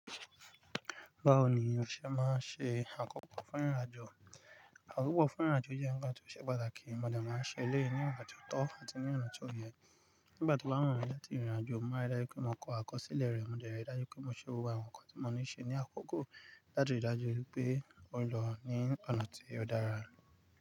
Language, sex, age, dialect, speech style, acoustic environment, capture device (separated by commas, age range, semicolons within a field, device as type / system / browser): Yoruba, male, 35-44, Nigeria - Nigerian Standard, focused, nature, mobile / Linux / Chrome